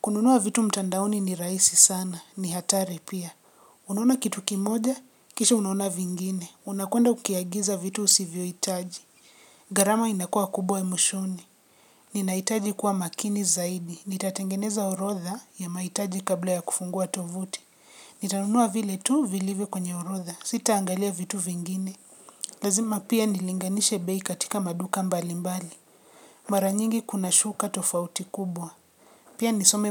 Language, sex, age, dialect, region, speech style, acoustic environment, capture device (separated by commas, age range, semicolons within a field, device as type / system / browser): Swahili, female, 25-34, Kenya - Nairobi Sheng-influenced, Kenya, relaxed, nature, mobile / Linux / Chrome